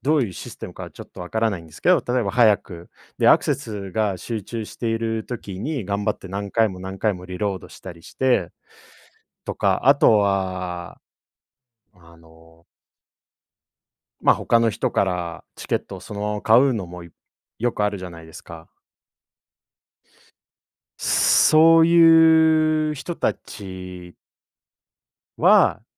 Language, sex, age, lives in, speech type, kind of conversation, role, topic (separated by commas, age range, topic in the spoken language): Japanese, male, 30-34, Japan, conversation, unstructured, none, 迷惑行為をしている人には、どのように声をかけますか？